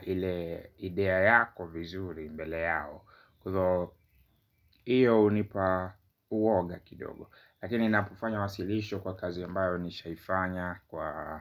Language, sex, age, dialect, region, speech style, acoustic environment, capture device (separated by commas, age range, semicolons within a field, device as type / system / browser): Swahili, male, 25-34, Kenya - Nairobi Sheng-influenced, Kenya, happy; relaxed; focused; excited, silence, mobile / Linux / Chrome